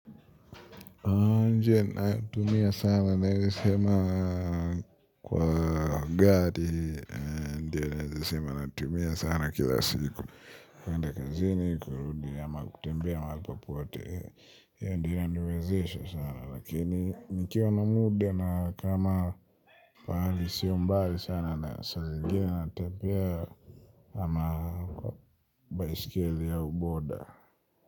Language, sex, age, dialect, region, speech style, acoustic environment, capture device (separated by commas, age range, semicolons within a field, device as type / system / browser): Swahili, male, 25-34, Kenya - Nairobi Sheng-influenced, Kenya, relaxed, nature, mobile / Linux / Chrome